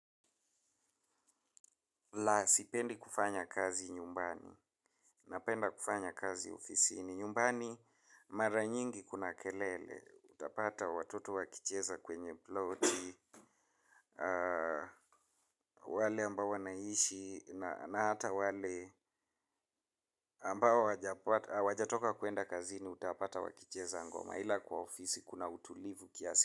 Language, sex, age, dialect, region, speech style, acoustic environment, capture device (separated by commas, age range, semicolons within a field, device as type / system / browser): Swahili, male, 25-34, Kenya - Nairobi Sheng-influenced, Kenya, happy, traffic, mobile / Linux / Chrome